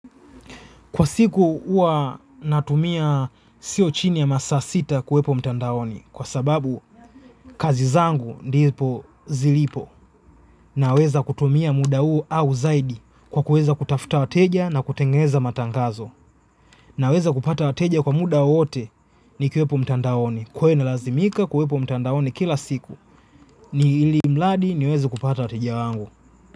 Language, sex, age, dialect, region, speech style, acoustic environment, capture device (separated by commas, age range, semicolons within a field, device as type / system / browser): Swahili, male, 25-34, Tanzania - Dar es Salaam, Tanzania, relaxed, silence, mobile / Linux / Chrome